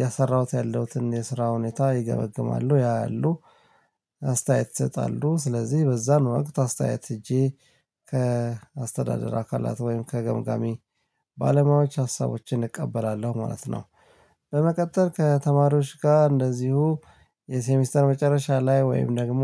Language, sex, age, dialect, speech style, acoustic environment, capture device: Amharic, male, 35-44, Ethiopia - Addis Ababa, excited; focused, silence, mobile / Linux / Chrome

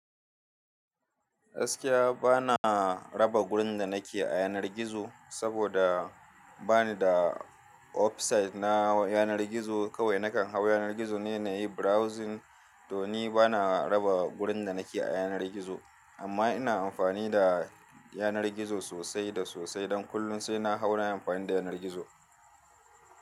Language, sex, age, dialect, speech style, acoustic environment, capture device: Hausa, male, 35-44, Nigeria - Kano, happy, people talking, desktop / Linux / Chrome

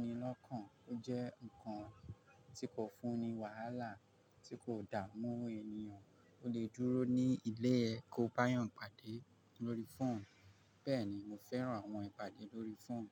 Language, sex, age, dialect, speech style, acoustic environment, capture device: Yoruba, male, 35-44, Nigeria - Lagos Yoruba, focused, silence, mobile / Linux / Chrome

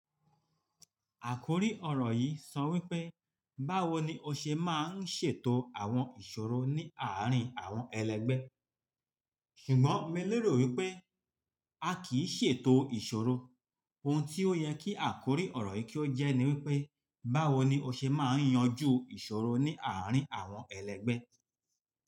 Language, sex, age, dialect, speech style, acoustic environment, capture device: Yoruba, male, 45-54, Nigeria - Lagos Yoruba, relaxed, nature; traffic; appliances; people talking, mobile / Linux / Chrome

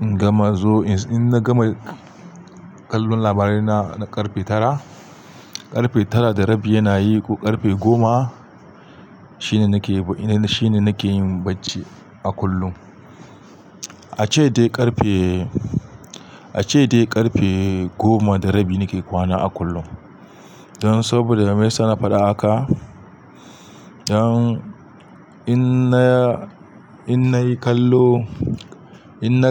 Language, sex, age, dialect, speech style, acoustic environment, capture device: Hausa, male, 35-44, Nigeria - Kano, relaxed, appliances, mobile / Linux / Chrome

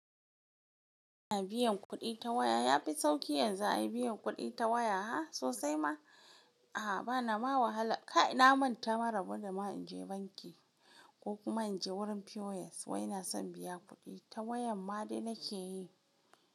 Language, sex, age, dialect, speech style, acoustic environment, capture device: Hausa, female, 18-24, Nigeria - Kano, happy, silence, mobile / Linux / Chrome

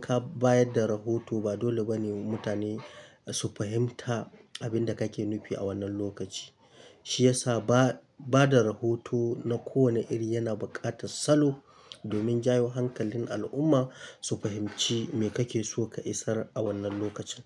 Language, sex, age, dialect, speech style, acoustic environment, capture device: Hausa, male, 25-34, Nigeria - Kano, happy; excited, nature, mobile / Linux / Chrome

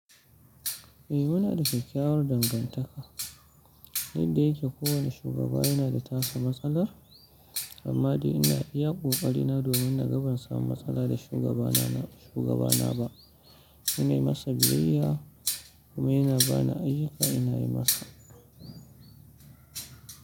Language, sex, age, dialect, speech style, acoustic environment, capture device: Hausa, male, 35-44, Nigeria - Kano, happy, traffic, mobile / Linux / Chrome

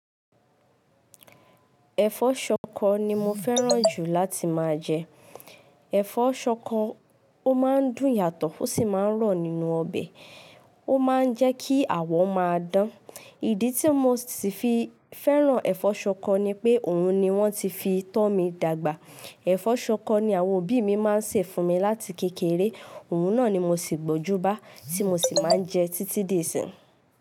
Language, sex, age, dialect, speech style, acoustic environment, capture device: Yoruba, female, 18-24, Nigeria - Ibadan, focused, nature, mobile / Linux / Chrome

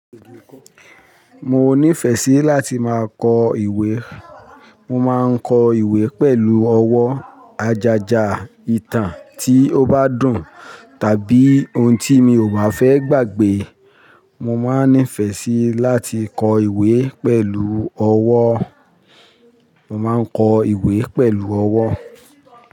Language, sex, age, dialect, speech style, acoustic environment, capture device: Yoruba, male, 45-54, Nigeria - Ibadan, happy, people talking, mobile / Linux / Chrome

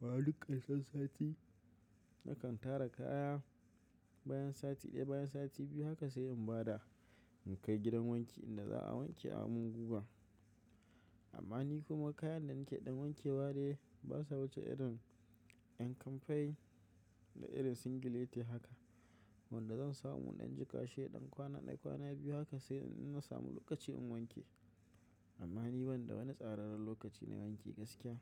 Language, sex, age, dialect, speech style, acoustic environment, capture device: Hausa, male, 25-34, Nigeria - Kano, stressed, silence, mobile / macOS / Safari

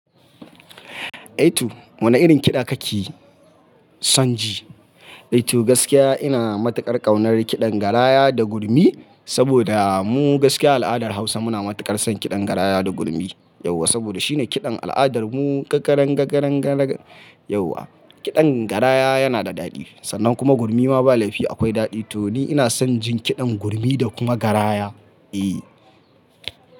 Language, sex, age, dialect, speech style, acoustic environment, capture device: Hausa, male, 25-34, Nigeria - Kano, focused, people talking, mobile / Linux / Chrome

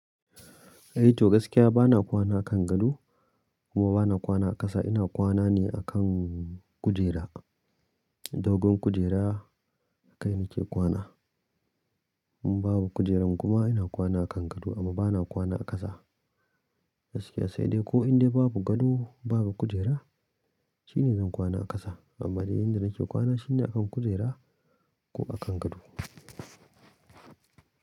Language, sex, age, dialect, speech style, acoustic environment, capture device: Hausa, male, 25-34, Nigeria - Kano, happy; excited; focused, nature; silence, mobile / Linux / Chrome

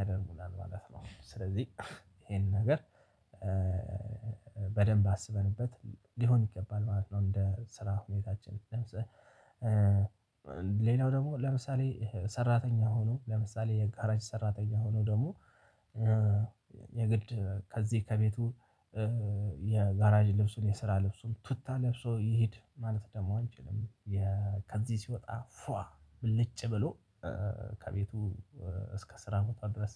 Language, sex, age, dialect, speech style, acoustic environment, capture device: Amharic, male, 35-44, Ethiopia - Addis Ababa, relaxed, nature, mobile / Linux / Chrome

